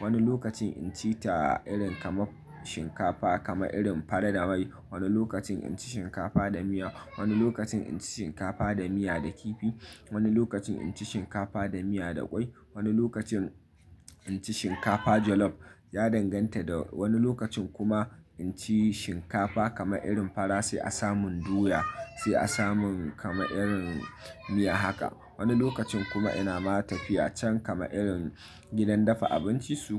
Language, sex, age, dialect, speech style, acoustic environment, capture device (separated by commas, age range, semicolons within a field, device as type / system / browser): Hausa, male, 25-34, Nigeria - Nigerian Standard, happy; excited, silence, mobile / Linux / Chrome